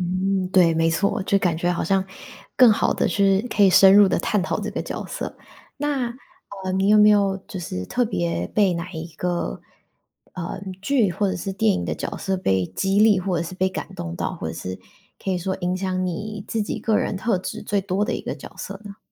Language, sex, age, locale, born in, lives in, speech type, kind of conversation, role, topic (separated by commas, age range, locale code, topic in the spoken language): Chinese, female, 25-29, zh-TW, Taiwan, United States, conversation, podcast, host, 你觉得角色会通过哪些方式影响观众？